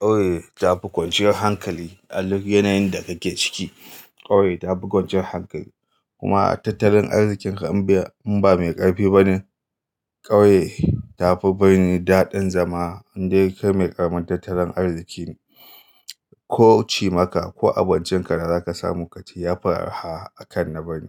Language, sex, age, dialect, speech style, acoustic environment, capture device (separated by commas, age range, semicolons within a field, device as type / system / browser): Hausa, male, 35-44, Nigeria - Kano, happy, silence, mobile / Linux / Chrome